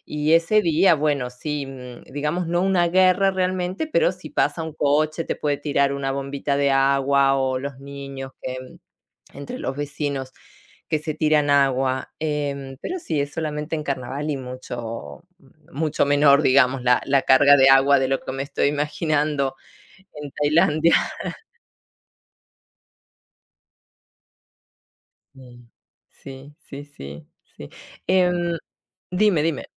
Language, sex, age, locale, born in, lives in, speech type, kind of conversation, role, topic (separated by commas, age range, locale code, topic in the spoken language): Spanish, female, 45-49, es-AR, Argentina, Italy, conversation, unstructured, none, ¿Has participado en alguna tradición cultural que no sea la tuya?